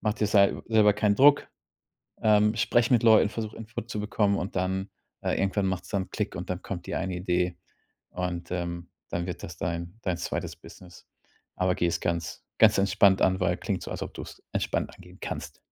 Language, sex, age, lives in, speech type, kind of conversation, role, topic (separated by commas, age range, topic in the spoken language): German, male, 35-39, Germany, conversation, advice, advisor, Warum habe ich keine Ideen, obwohl ich Zeit dafür habe?